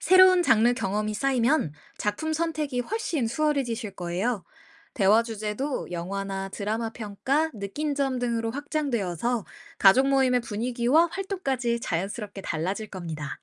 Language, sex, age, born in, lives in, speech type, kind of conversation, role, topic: Korean, female, 25-29, South Korea, United States, read, expert-assistant, expert, 요즘 사람들이 영화나 드라마를 볼 때, 여러 장르를 골고루 즐기는지 궁금해요. 아니면 한두 가지 장르만 자주 보는 걸까요?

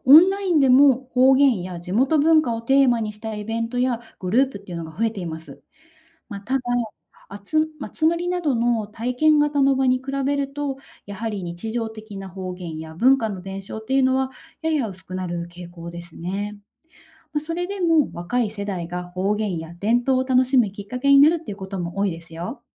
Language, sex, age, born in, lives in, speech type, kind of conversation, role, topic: Japanese, female, 30-34, Japan, Japan, read, expert-assistant, expert, 同じ日本国内でも、方言や食べ物の違いはどのように生まれたのでしょうか？身近な例を挙げて説明してください。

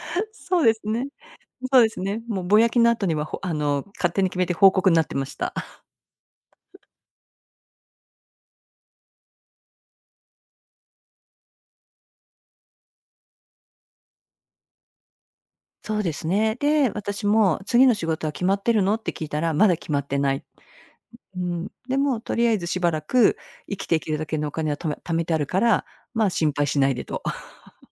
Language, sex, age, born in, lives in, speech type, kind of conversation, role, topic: Japanese, female, 55-59, Japan, United States, conversation, podcast, guest, 転職のリスクはどのように評価すればよいですか？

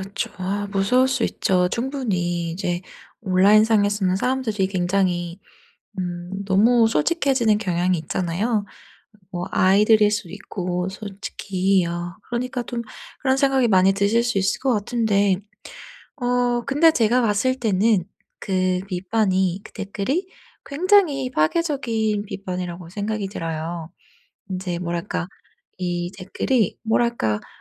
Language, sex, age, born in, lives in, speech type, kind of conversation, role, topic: Korean, female, 30-34, South Korea, United States, conversation, advice, advisor, 건설적인 피드백과 파괴적인 비판을 어떻게 구분할 수 있나요?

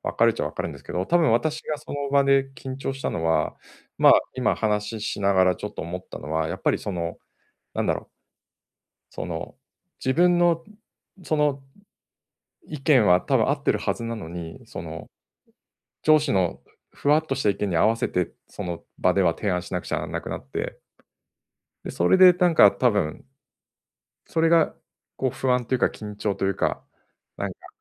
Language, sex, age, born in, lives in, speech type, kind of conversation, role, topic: Japanese, male, 50-54, Japan, Japan, conversation, advice, user, 批判や評価を恐れずに自分の意見を話すにはどうすればよいですか？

